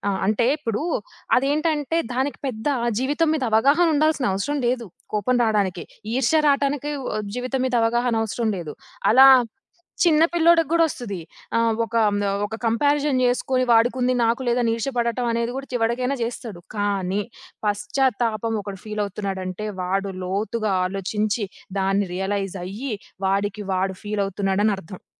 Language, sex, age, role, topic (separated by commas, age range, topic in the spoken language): Telugu, female, 25-29, guest, పశ్చాత్తాపాన్ని మనసులో దాచుకోవడం మంచిదా, లేక పంచుకోవడం మంచిదా?